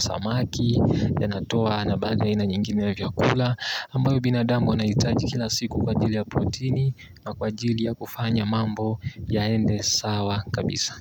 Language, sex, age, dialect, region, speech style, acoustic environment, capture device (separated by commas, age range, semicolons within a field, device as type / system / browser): Swahili, male, 18-24, Tanzania - Dar es Salaam, Tanzania, tired, nature, mobile / Linux / Chrome